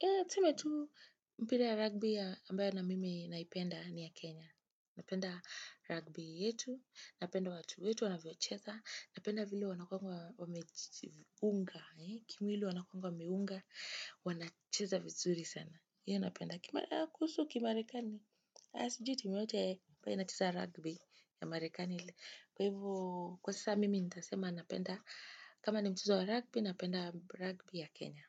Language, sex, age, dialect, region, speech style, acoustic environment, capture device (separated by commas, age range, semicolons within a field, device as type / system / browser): Swahili, female, 25-34, Kenya - Nairobi Sheng-influenced, Kenya, happy, nature, desktop / Windows / Chrome